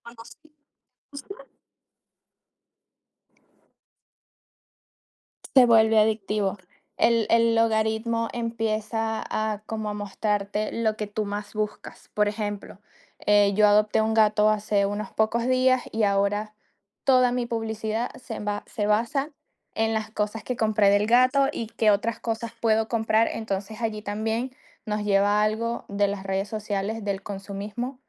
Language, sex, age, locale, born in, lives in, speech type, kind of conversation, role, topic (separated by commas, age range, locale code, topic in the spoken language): Spanish, female, 30-34, es-VE, Venezuela, United States, conversation, unstructured, none, ¿Deberíamos limitar el tiempo que pasamos en redes sociales?